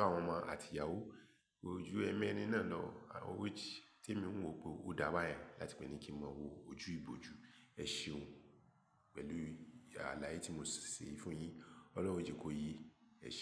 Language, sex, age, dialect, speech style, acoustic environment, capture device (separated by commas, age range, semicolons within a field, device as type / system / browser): Yoruba, male, 35-44, Nigeria - Lagos Yoruba, focused, silence, mobile / Linux / Chrome